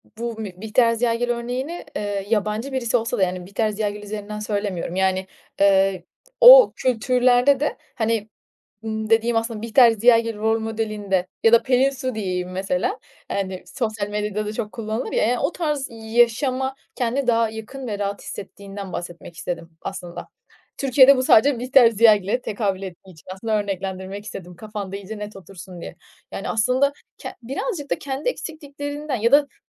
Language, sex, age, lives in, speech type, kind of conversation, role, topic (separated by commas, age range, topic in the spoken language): Turkish, female, 25-29, Italy, conversation, advice, advisor, Kıyafet ve görünüş seçimlerimin başkaları tarafından yargılanmasından neden korkuyorum?